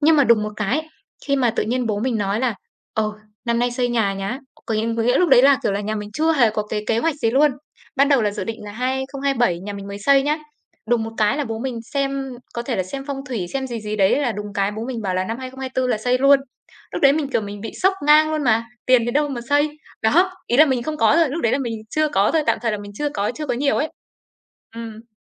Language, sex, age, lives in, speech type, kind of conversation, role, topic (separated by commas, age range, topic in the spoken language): Vietnamese, female, 25-29, Vietnam, conversation, podcast, guest, Bạn có thể kể về một bước ngoặt đã làm thay đổi cách bạn nhìn nhận cuộc sống không?